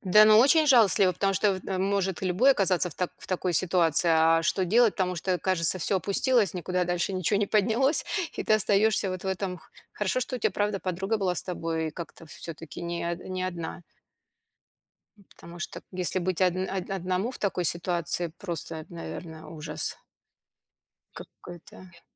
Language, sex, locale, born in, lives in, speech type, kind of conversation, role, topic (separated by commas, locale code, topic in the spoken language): Russian, female, ru-RU, Russia, United States, conversation, podcast, host, Что вы делали, когда потеряли паспорт за границей?